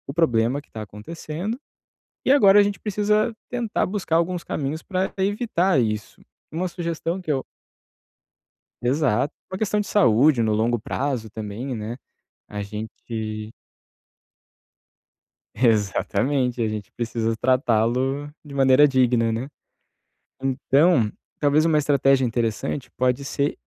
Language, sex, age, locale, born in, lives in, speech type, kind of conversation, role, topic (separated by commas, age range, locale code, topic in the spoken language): Portuguese, male, 30-34, pt-BR, Brazil, Italy, conversation, advice, advisor, Como posso controlar a vontade de comer alimentos processados no dia a dia?